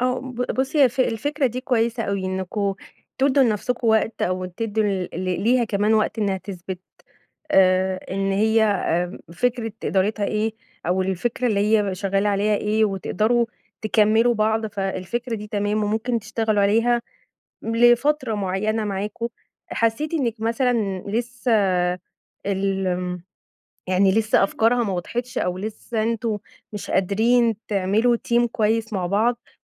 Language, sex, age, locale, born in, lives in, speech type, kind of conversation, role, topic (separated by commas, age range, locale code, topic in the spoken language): Arabic, female, 35-39, ar-EG, Egypt, Egypt, conversation, advice, advisor, إزاي أقدر أتأقلم مع تغيير المدير أو الفريق والديناميكية الجديدة في الشغل؟